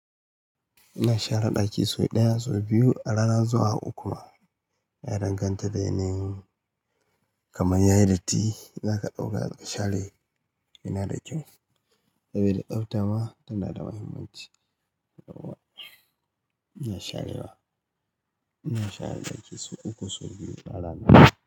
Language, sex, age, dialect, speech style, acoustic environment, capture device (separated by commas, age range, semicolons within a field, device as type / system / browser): Hausa, male, 35-44, Nigeria - Katsina, happy, nature, mobile / Linux / Chrome